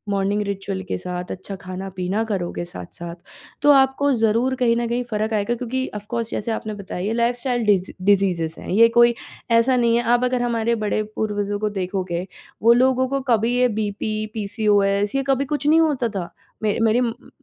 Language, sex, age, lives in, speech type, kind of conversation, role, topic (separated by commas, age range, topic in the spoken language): Hindi, female, 30-34, India, conversation, podcast, guest, Tum apne badan ko shaant karne ke liye sabse zyada kya karte ho?